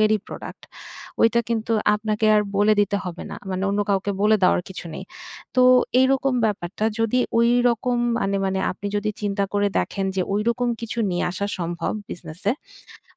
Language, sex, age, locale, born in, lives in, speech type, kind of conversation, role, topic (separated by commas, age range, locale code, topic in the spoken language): Bengali, female, 30-34, bn-BD, Bangladesh, Bangladesh, conversation, advice, advisor, আমরা কীভাবে একসাথে কাজ করে নতুন ধারণা তৈরি করতে পারি?